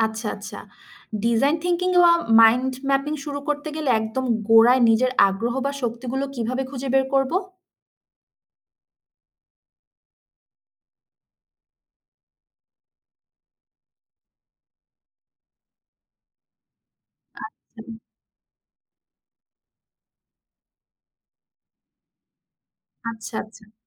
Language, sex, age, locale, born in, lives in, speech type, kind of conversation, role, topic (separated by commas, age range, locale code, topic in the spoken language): Bengali, female, 30-34, bn-BD, Bangladesh, Bangladesh, read, expert-assistant, asker, নিজের জীবনের লক্ষ্য ঠিক করার সময় আমরা কীভাবে আরও সৃষ্টিশীল চিন্তা করতে পারি?